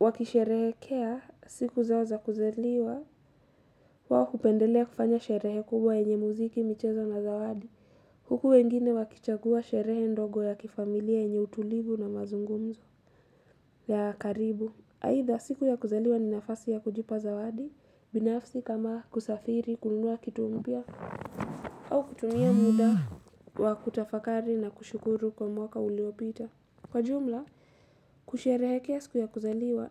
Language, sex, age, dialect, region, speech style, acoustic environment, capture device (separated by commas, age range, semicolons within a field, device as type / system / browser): Swahili, female, 18-24, Kenya - Mombasa, Kenya, happy, silence, mobile / Linux / Chrome